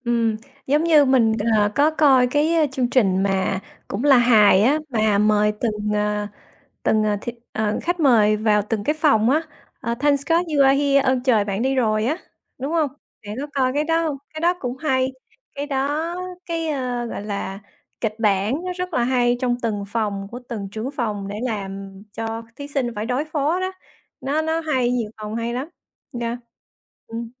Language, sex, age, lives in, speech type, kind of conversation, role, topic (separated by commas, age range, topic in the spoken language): Vietnamese, female, 40-44, United States, conversation, unstructured, none, Bạn nghĩ sao về việc các chương trình giải trí quá tập trung vào tranh cãi?